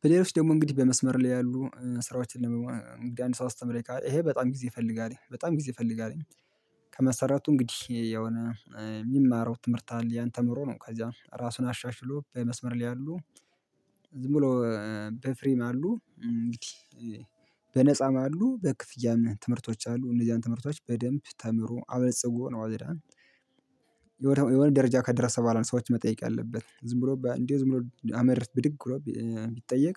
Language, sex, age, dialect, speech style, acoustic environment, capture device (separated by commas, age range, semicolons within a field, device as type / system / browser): Amharic, male, 25-34, Ethiopia - Gondar, happy; relaxed; focused; excited, silence, mobile / Linux / Chrome